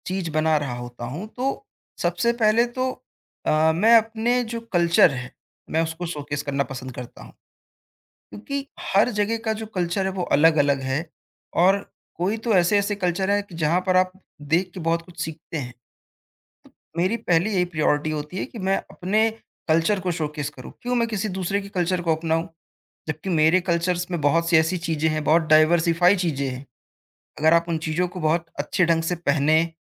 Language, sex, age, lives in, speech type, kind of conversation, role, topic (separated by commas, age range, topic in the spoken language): Hindi, male, 20-24, India, conversation, podcast, guest, Tum social media pe apna cultural look kaise present karte ho?